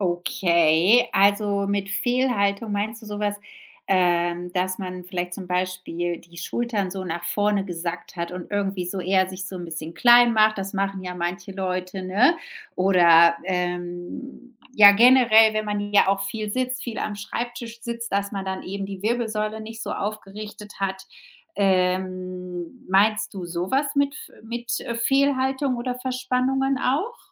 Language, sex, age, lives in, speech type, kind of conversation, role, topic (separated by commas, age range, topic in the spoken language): German, female, 35-39, Spain, conversation, podcast, host, Wie kann eine aufrechte Körperhaltung das Selbstbewusstsein stärken?